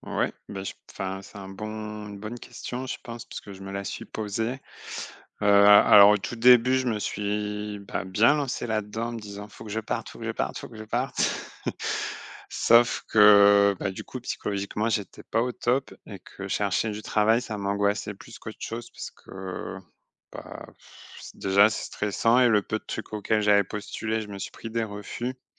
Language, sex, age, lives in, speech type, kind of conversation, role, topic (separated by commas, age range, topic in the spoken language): French, male, 35-39, France, conversation, advice, user, Comment s’est passé votre retour au travail après un long congé, et comment avez-vous géré les attentes ?